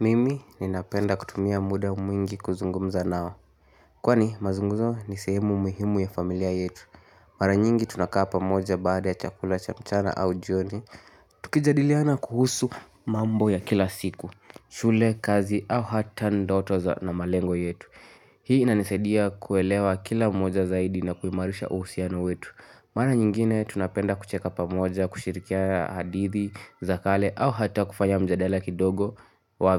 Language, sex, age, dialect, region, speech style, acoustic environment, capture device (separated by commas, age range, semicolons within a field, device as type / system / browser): Swahili, male, 18-24, Kenya - Nairobi Sheng-influenced, Kenya, happy, nature, mobile / Linux / Chrome